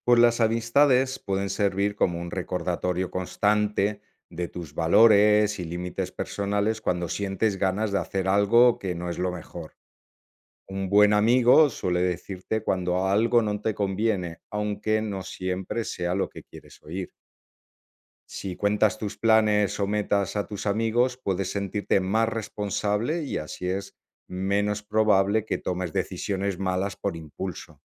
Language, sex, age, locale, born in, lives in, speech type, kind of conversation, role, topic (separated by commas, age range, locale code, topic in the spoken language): Spanish, male, 45-49, es-ES, Spain, Italy, read, expert-assistant, expert, ¿Qué papel juega la amistad en el crecimiento personal?